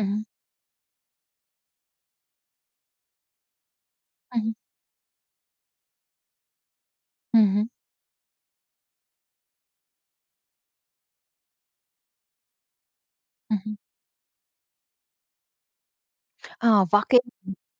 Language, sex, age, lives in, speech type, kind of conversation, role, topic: Hindi, female, 20-24, India, conversation, podcast, guest, Kaise balance karte ho office aur ghar ke chores?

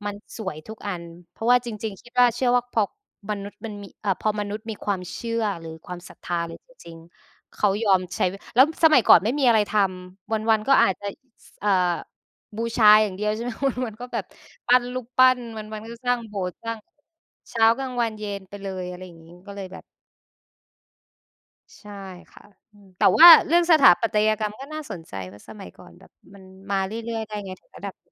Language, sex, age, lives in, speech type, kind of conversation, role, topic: Thai, female, 25-29, Thailand, conversation, unstructured, none, ถ้าคุณย้อนเวลาได้ คุณอยากไปเห็นเหตุการณ์ใดในประวัติศาสตร์มากที่สุด?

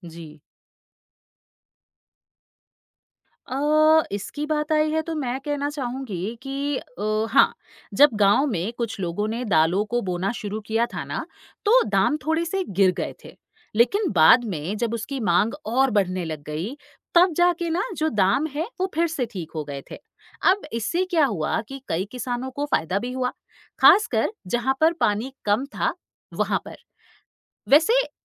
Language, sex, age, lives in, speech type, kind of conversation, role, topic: Hindi, female, 35-39, India, read, expert-assistant, asker, क्या फसल चक्र और जैविक खेती से खेत की उर्वरता और आमदनी बढ़ सकती है?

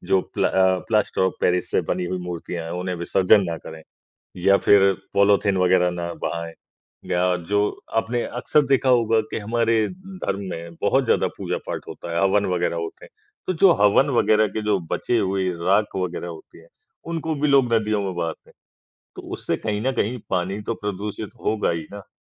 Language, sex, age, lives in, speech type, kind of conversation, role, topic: Hindi, male, 55-59, India, conversation, unstructured, none, नदियों में गंदगी क्यों बढ़ रही है?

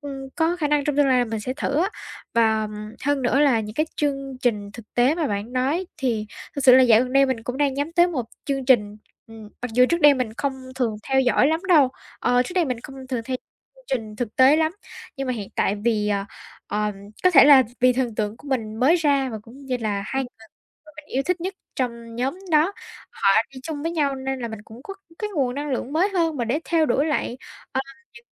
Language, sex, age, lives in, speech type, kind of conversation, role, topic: Vietnamese, female, 18-19, Vietnam, conversation, advice, user, Bạn có đang cảm thấy cuộc sống hằng ngày tẻ nhạt và thiếu ý nghĩa không?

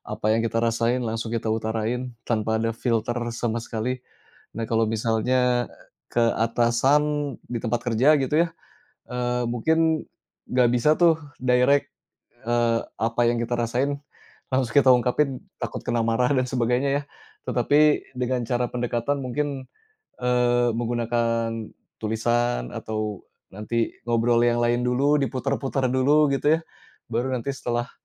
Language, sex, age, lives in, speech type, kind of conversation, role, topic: Indonesian, male, 35-39, Indonesia, conversation, unstructured, none, Bagaimana cara kamu mengekspresikan diri dalam kehidupan sehari-hari?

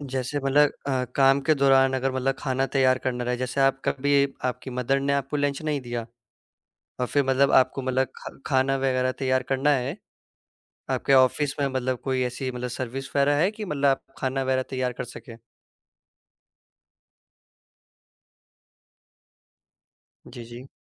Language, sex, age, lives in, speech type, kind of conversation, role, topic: Hindi, male, 18-19, India, conversation, advice, advisor, दैनिक काम के बीच स्वस्थ खाने का समय न मिलना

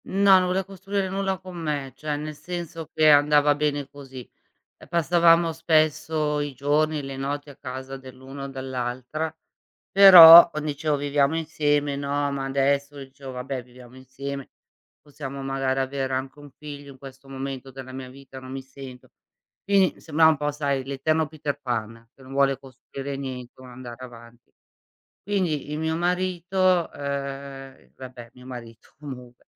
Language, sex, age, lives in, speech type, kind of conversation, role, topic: Italian, female, 55-59, Italy, conversation, unstructured, none, Come capisci se una persona è quella giusta per te?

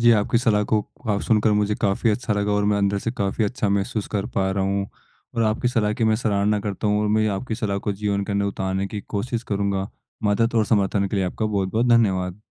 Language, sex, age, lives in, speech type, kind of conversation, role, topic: Hindi, male, 18-19, India, conversation, advice, user, मैं तारीफ मिलते समय कैसे सहज और कृतज्ञ रह सकता/सकती हूँ?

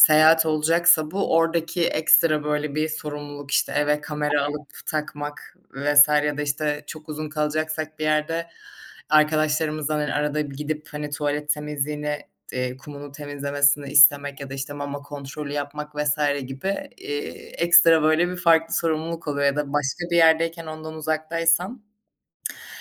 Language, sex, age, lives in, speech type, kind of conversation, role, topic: Turkish, female, 25-29, Germany, conversation, unstructured, none, Evcil hayvan beslemek hayatınızı nasıl etkiledi?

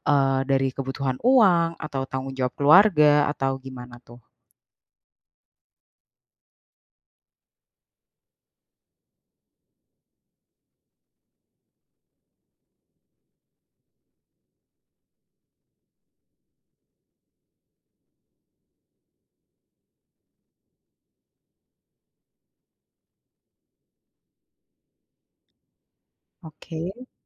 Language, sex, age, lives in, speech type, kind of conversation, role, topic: Indonesian, female, 30-34, Indonesia, conversation, advice, advisor, Bagaimana kamu mulai merasa kehilangan arah setelah lulus atau berganti karier?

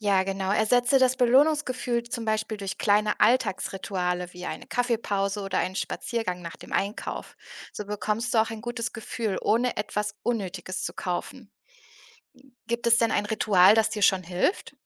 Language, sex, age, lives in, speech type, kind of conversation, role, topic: German, female, 30-34, Germany, read, expert-assistant, expert, Wie kann ich mir selbst helfen, beim Einkaufen weniger Impulskäufe zu machen?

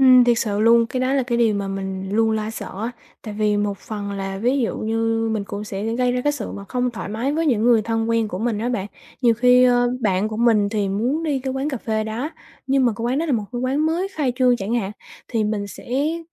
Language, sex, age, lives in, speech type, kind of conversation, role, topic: Vietnamese, female, 20-24, Vietnam, conversation, advice, user, Tôi cảm thấy không thoải mái khi ở giữa đám đông, tôi nên làm gì?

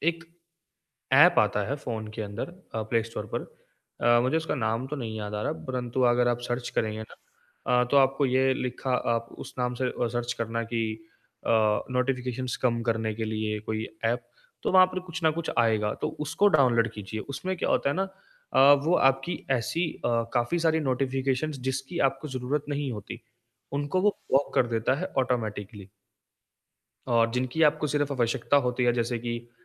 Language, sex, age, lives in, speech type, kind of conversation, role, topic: Hindi, male, 20-24, India, conversation, advice, advisor, डिजिटल सूचनाएँ मेरे काम में बार-बार बाधा क्यों डालती हैं?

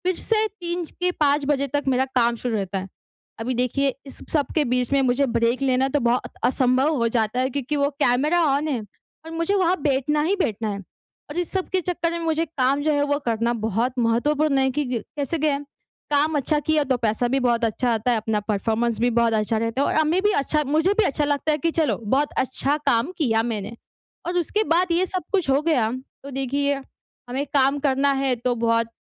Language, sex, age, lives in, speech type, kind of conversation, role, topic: Hindi, female, 20-24, India, conversation, advice, user, ब्रेक लेकर मैं अपनी ऊर्जा और एकाग्रता कैसे सुधार सकता हूँ?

